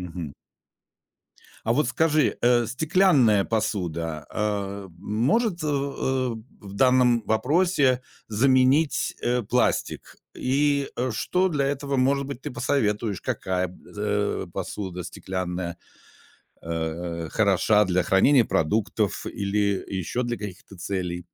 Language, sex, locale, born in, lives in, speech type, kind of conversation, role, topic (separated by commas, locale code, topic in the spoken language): Russian, male, ru-RU, Russia, Germany, conversation, podcast, host, Как убрать пластик из дома?